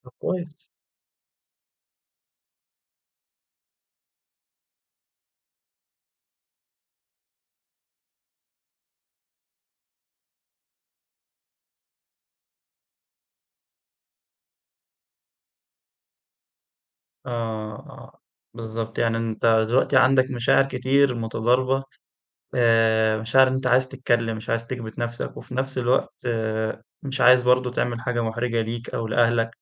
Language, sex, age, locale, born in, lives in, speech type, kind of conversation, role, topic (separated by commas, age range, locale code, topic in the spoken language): Arabic, male, 20-24, ar-EG, Egypt, Egypt, conversation, advice, advisor, إزاي بتتعامل مع الضغط إنك ما تبينش ضعفك أو مشاكلك النفسية قدام الناس؟